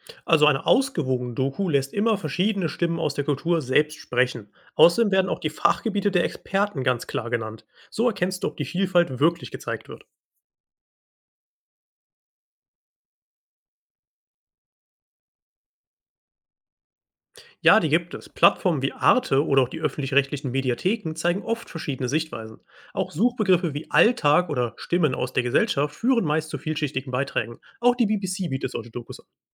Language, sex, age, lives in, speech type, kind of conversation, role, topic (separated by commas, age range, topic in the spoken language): German, male, 25-29, Germany, read, expert-assistant, expert, Wie erkenne ich, ob Geschichten über andere Kulturen wirklich stimmen?